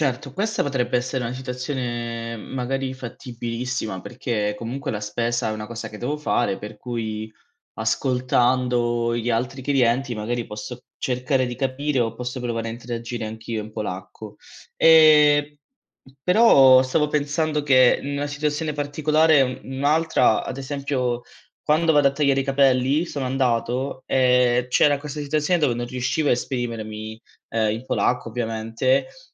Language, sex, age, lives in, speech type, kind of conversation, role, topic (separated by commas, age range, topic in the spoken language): Italian, male, 25-29, Italy, conversation, advice, user, Quali difficoltà con la lingua locale ostacolano la tua vita quotidiana?